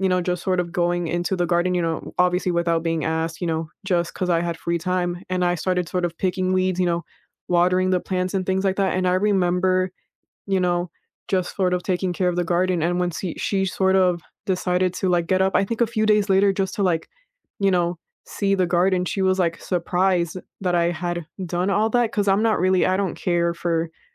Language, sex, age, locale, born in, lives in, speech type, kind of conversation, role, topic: English, female, 20-24, en-US, United States, United States, conversation, unstructured, none, How can small, everyday gestures strengthen your relationships, and what are their limits?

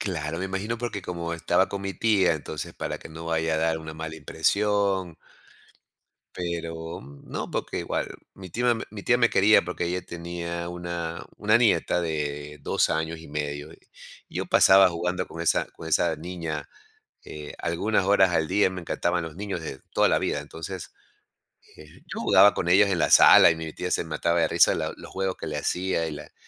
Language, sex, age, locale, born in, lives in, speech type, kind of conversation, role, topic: Spanish, male, 55-59, es-EC, Ecuador, United States, conversation, podcast, guest, ¿Recuerdas la primera vez que estuviste lejos de casa?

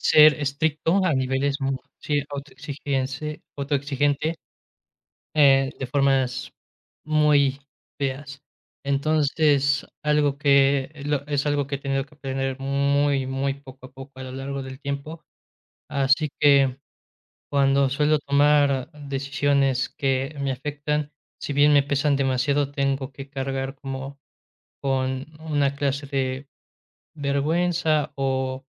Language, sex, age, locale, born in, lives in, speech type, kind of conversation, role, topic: Spanish, male, 20-24, es-MX, Mexico, Mexico, conversation, podcast, guest, ¿Cómo aprendes de las decisiones que no salieron bien?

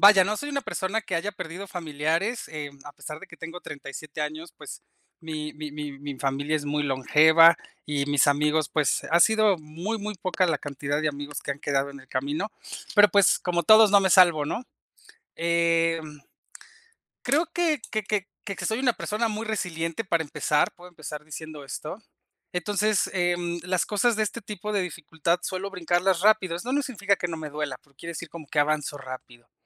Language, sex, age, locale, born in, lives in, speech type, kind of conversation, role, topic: Spanish, male, 35-39, es-MX, Mexico, Mexico, conversation, unstructured, none, ¿Qué crees que ayuda a sobrellevar el dolor después de una muerte?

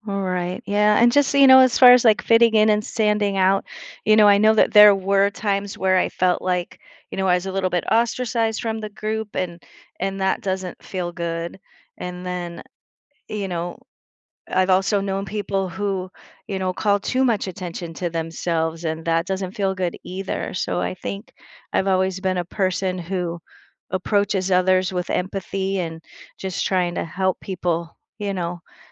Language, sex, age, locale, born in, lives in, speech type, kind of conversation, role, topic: English, female, 55-59, en-US, United States, United States, conversation, podcast, guest, How do you stay true to yourself while connecting with others?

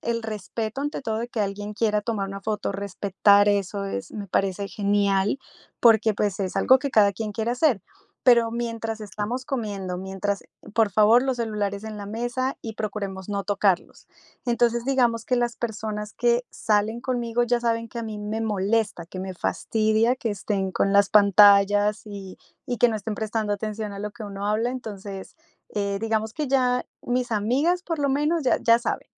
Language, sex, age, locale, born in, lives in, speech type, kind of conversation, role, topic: Spanish, female, 35-39, es-CO, Colombia, United States, conversation, podcast, guest, ¿Qué haces para desconectarte de las pantallas?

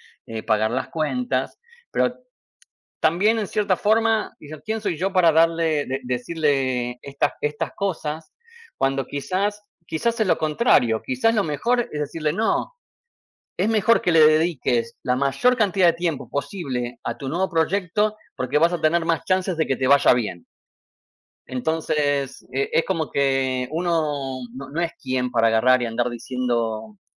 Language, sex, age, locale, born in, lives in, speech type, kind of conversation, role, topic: Spanish, male, 45-49, es-AR, Argentina, United States, conversation, unstructured, none, ¿Crees que la familia siempre debe apoyarte, pase lo que pase?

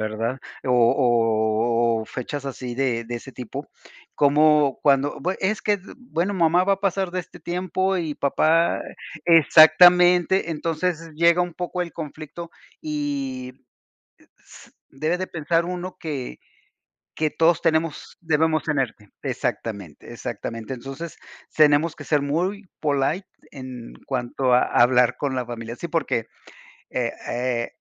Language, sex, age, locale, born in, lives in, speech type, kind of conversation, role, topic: Spanish, male, 55-59, es-MX, Mexico, United States, conversation, unstructured, none, ¿Cómo expresas tus sentimientos sin herir a los demás?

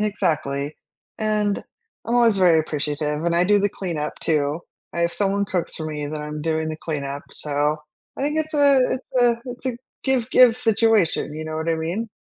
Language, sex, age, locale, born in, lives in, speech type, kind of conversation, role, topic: English, female, 45-49, en-US, United States, United States, conversation, unstructured, none, Which comfort foods warm your heart, and what memories or meanings make them special?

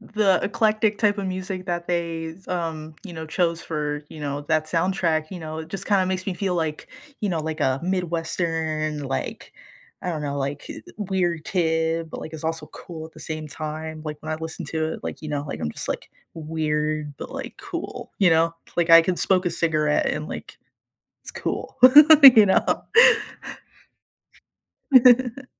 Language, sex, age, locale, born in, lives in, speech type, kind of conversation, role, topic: English, female, 20-24, en-US, United States, United States, conversation, unstructured, none, What soundtracks make your everyday moments feel cinematic?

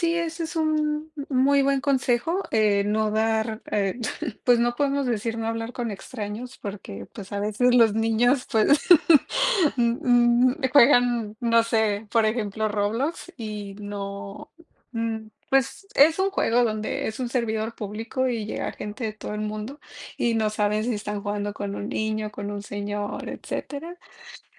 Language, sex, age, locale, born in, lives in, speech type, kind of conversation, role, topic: Spanish, female, 30-34, es-MX, Mexico, Mexico, conversation, unstructured, none, ¿Confías en la privacidad de tus datos en redes sociales?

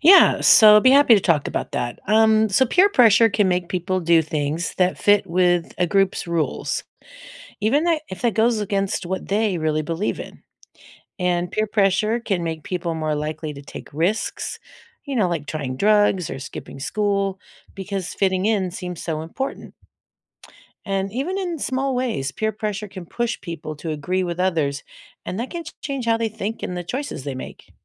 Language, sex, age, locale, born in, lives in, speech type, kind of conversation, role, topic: English, female, 60-64, en-US, United States, United States, read, expert-assistant, expert, How does peer pressure affect decision-making and behavior?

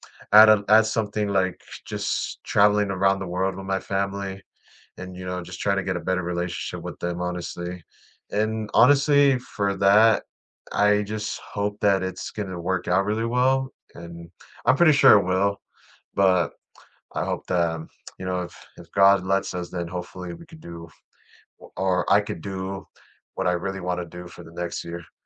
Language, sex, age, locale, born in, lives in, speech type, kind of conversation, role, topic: English, male, 20-24, en-US, United States, United States, conversation, unstructured, none, What new experiences, habits, or relationships do you hope to add in the next year?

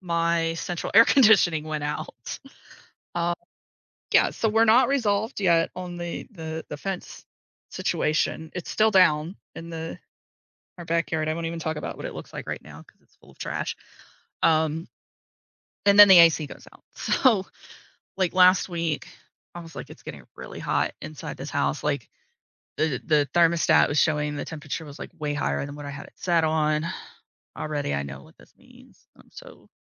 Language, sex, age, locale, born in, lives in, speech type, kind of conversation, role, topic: English, female, 40-44, en-US, United States, United States, conversation, advice, user, How can I prepare for unexpected expenses?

